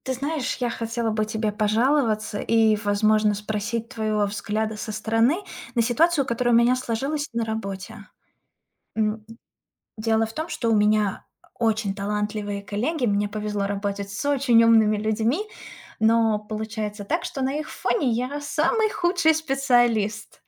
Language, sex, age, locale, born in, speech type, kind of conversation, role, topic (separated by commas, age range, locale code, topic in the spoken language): Russian, female, 30-34, ru-RU, Russia, conversation, advice, user, Какие сомнения у вас возникают при смене карьеры?